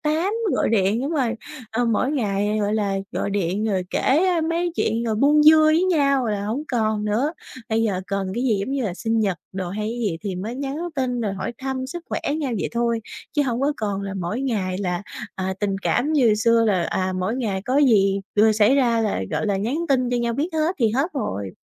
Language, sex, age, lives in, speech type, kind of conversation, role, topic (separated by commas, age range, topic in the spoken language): Vietnamese, female, 20-24, Vietnam, conversation, advice, user, Bạn nên làm gì để nối lại quan hệ với một người bạn cũ sau thời gian xa cách?